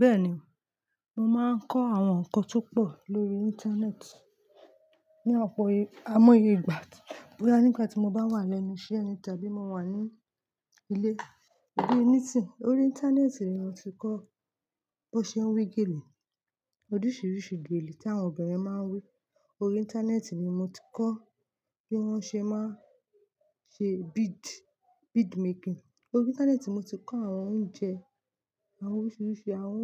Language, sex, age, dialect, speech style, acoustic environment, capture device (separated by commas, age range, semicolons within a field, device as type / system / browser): Yoruba, female, 25-34, Nigeria - Lagos Yoruba, focused; relaxed, nature, mobile / Linux / Chrome